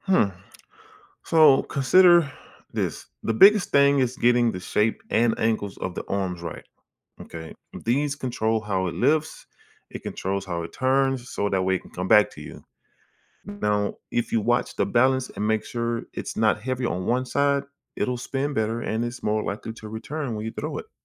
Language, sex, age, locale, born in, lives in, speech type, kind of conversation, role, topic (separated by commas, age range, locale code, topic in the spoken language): English, male, 40-44, en-US, United States, United States, read, expert-assistant, expert, What makes a boomerang return when thrown?